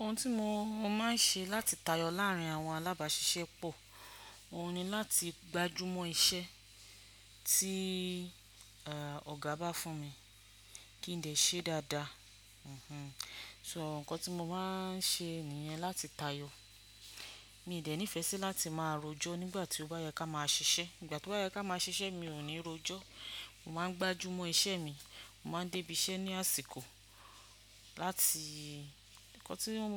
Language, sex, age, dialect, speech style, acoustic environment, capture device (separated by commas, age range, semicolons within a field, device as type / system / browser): Yoruba, female, 45-54, Nigeria - Ibadan, focused, silence, desktop / Windows / Chrome